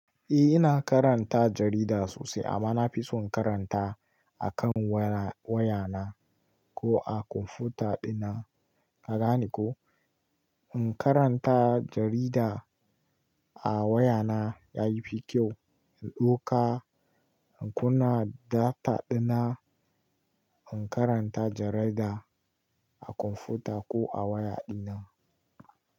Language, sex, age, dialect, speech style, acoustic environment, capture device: Hausa, male, 18-24, Nigeria - Kano, relaxed; excited, nature, mobile / Linux / Chrome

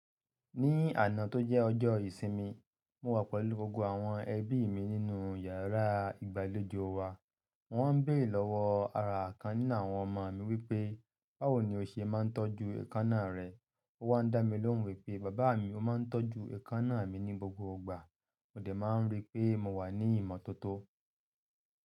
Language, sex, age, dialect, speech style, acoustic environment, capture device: Yoruba, male, 35-44, Nigeria - Ibadan, happy; relaxed; excited; focused, nature, mobile / Linux / Chrome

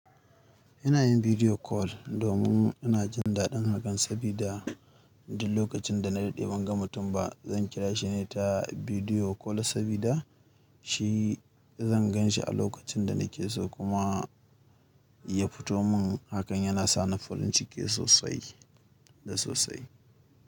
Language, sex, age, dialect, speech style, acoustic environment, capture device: Hausa, male, 25-34, Nigeria - Kano, nervous; excited; focused; stressed; happy; anxious; tired; relaxed, music; people talking; nature; silence; traffic; construction; appliances, mobile / Linux / Chrome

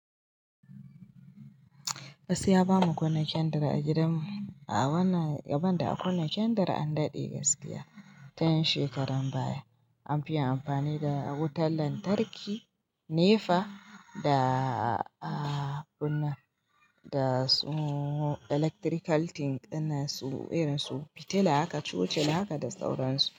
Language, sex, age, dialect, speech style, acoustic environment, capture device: Hausa, female, 25-34, Nigeria - Kano, happy, silence; nature, mobile / Linux / Chrome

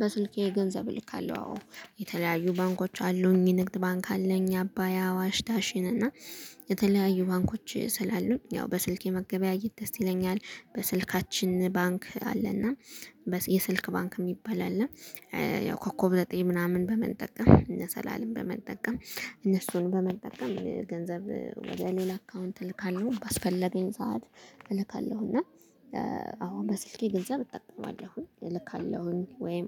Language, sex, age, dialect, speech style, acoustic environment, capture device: Amharic, female, 25-34, Ethiopia - Gondar, focused, traffic, mobile / Linux / Chrome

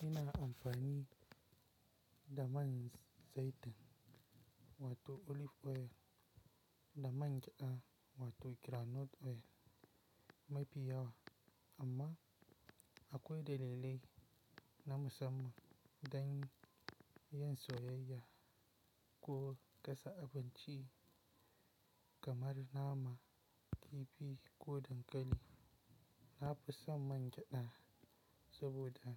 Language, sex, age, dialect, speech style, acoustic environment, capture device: Hausa, male, 18-24, Nigeria - Kano, relaxed, silence; nature, mobile / Linux / Chrome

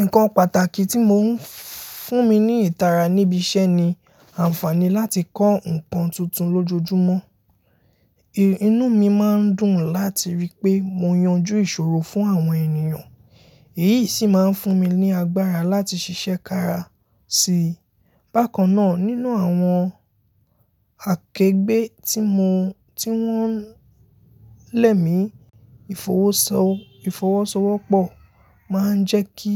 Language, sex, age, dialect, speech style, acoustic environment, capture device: Yoruba, male, 18-24, Nigeria - Lagos Yoruba, happy; focused, silence, mobile / Linux / Chrome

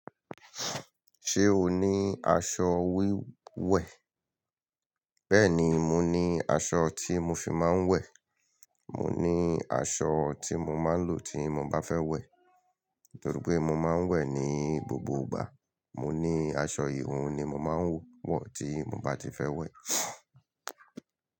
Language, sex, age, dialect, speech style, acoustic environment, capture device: Yoruba, male, 35-44, Nigeria - Lagos Yoruba, happy; excited, people talking; nature, mobile / Linux / Chrome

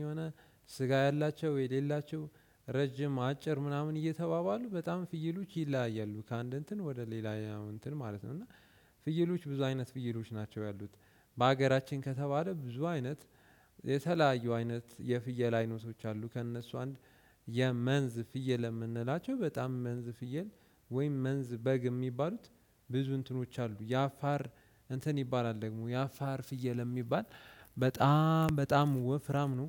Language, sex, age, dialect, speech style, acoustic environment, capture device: Amharic, male, 18-24, Ethiopia - Addis Ababa, relaxed, nature; silence, desktop / Windows / Chrome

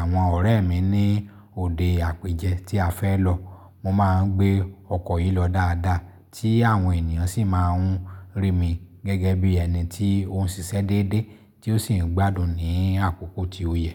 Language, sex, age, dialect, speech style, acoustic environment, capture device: Yoruba, male, 35-44, Nigeria - Lagos Yoruba, happy; excited; focused; relaxed, silence, mobile / Linux / Chrome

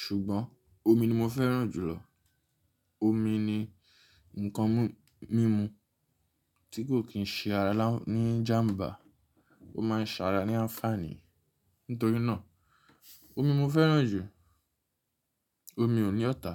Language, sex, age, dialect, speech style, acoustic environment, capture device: Yoruba, male, 25-34, Nigeria - Nigerian Standard, tired; relaxed, silence, mobile / Linux / Chrome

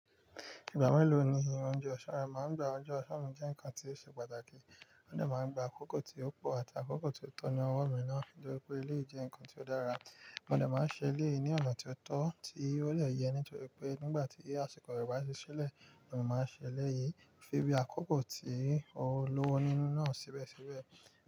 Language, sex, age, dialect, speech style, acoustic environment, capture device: Yoruba, male, 25-34, Nigeria - Nigerian Standard, excited, nature, mobile / Linux / Chrome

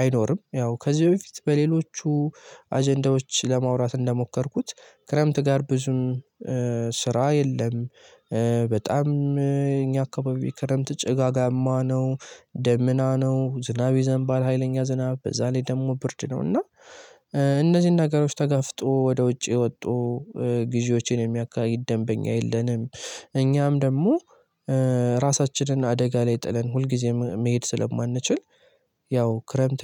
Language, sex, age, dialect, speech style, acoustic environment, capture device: Amharic, male, 18-24, Ethiopia - Gojjam, happy; relaxed; excited; focused, silence, mobile / Linux / Chrome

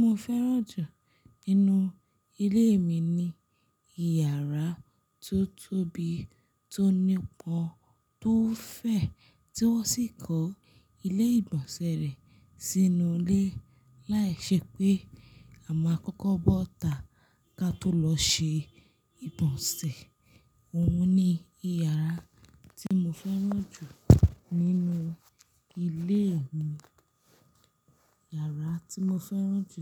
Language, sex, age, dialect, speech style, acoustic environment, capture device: Yoruba, female, 25-34, Nigeria - Lagos Yoruba, focused, silence, mobile / Linux / Chrome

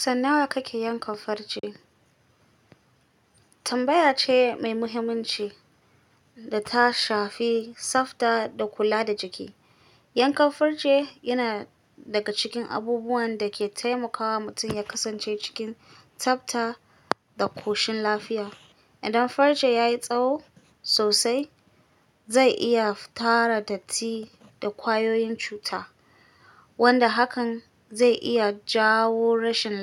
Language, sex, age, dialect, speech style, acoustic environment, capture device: Hausa, female, 25-34, Nigeria - Kano, anxious; stressed, silence, mobile / Linux / Chrome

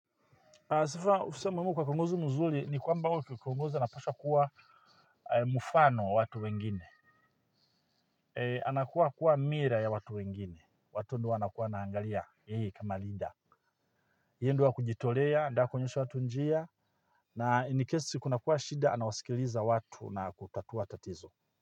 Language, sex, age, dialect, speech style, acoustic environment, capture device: Swahili, male, 45-54, Tanzania - Dar es Salaam, happy; focused, people talking, mobile / Linux / Chrome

